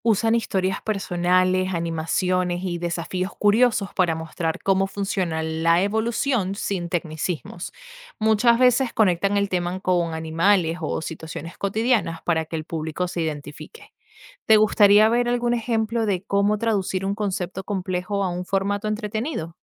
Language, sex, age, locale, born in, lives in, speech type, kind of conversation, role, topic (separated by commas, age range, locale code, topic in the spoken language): Spanish, female, 25-29, es-VE, Venezuela, United States, read, expert-assistant, expert, ¿Cómo puedo saber si una noticia sobre un nuevo descubrimiento evolutivo es confiable o solo es sensacionalismo?